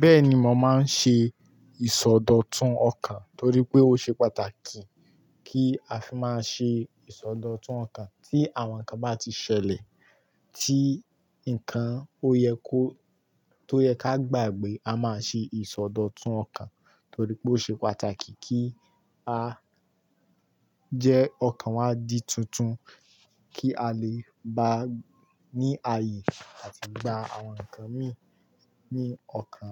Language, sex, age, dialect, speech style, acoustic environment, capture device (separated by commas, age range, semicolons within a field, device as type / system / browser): Yoruba, male, 18-24, Nigeria - Kano, focused, nature, mobile / Linux / Chrome